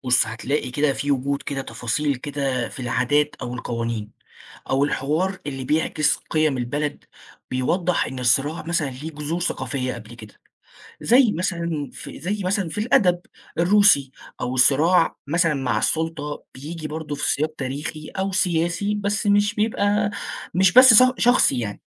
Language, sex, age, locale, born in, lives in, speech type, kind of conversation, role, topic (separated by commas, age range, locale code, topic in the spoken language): Arabic, male, 20-24, ar-EG, Egypt, Egypt, read, expert-assistant, expert, إيه الطريقة اللي ممكن أستخدمها لما أقرا روايات من ثقافات مختلفة علشان ألاقي الحاجات اللي بتجمعهم أو بتفرقهم؟